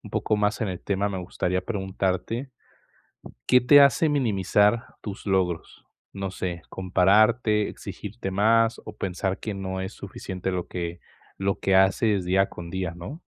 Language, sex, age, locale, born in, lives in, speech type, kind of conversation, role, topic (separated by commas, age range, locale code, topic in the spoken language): Spanish, male, 20-24, es-MX, Mexico, Mexico, conversation, advice, advisor, ¿Cómo puedo celebrar mejor mis pequeños logros cada día?